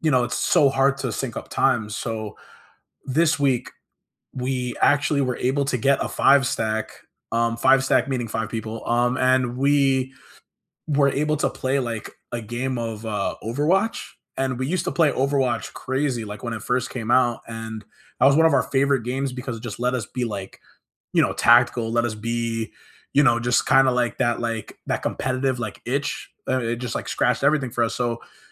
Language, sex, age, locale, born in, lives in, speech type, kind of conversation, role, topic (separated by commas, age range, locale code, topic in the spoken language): English, male, 25-29, en-US, United States, United States, conversation, unstructured, none, What small joy unexpectedly brightened your week?